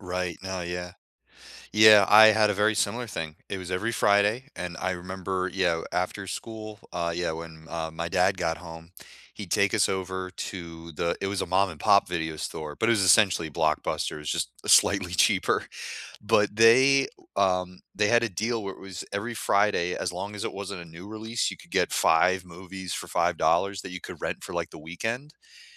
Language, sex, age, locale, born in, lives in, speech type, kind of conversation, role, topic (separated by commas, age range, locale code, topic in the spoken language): English, male, 25-29, en-US, United States, United States, conversation, unstructured, none, What is the most recent movie that truly surprised you, and what made it so unexpected?